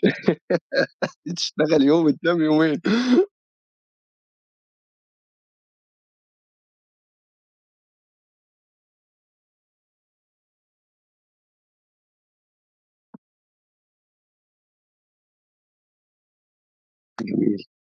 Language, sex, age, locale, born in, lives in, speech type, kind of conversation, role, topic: Arabic, male, 25-29, ar-EG, Egypt, Egypt, conversation, podcast, host, إزاي بتحط حدود واضحة بين الشغل وحياتك الشخصية؟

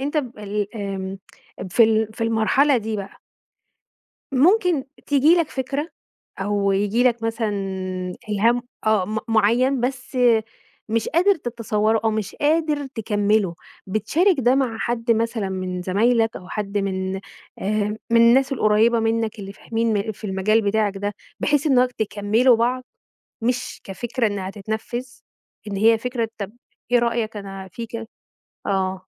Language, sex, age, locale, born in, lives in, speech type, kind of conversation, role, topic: Arabic, female, 35-39, ar-EG, Egypt, Egypt, conversation, podcast, host, إزاي بتتعامل مع لحظات فقدان الإلهام؟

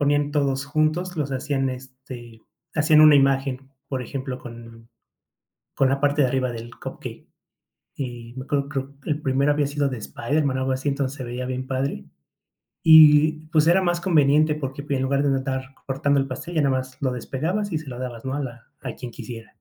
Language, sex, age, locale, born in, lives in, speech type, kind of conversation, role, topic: Spanish, male, 30-34, es-MX, Mexico, Mexico, conversation, podcast, guest, ¿Cómo celebraban las fiestas en tu familia cuando eras niño?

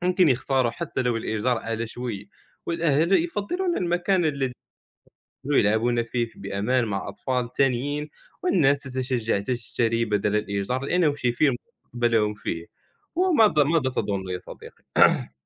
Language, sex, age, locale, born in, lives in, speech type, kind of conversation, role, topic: Arabic, male, 20-24, ar-MA, Morocco, France, conversation, unstructured, none, إيه أهمية مشاركة الناس في المجتمع في تشكيل اختياراتهم للسكن؟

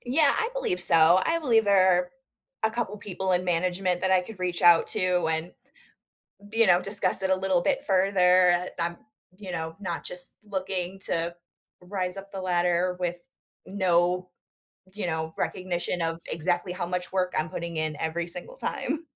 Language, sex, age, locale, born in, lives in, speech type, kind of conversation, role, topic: English, female, 30-34, en-US, United States, United States, conversation, advice, user, How do I handle feeling unappreciated at work?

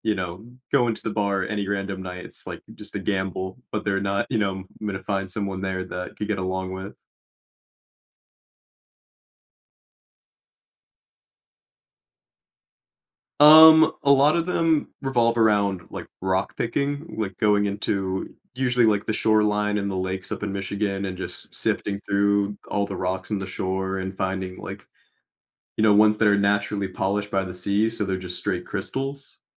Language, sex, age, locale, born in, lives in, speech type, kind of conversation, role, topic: English, male, 25-29, en-US, United States, United States, conversation, advice, user, How can I feel less lonely when I'm so busy?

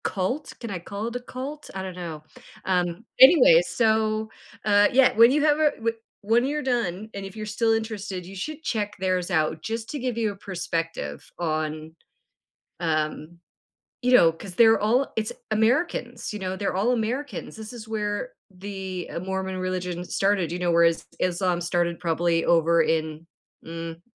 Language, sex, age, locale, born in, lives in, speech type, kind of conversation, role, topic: English, female, 50-54, en-CA, Canada, United States, conversation, podcast, host, What factors shape the way you approach important life choices?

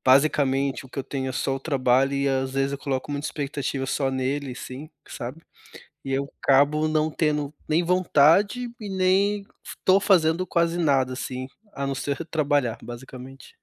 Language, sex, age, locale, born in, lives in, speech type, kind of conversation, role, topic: Portuguese, male, 30-34, pt-BR, Brazil, Germany, conversation, advice, user, Como é a sensação de viver uma vida monótona, sem hobbies nem propósito?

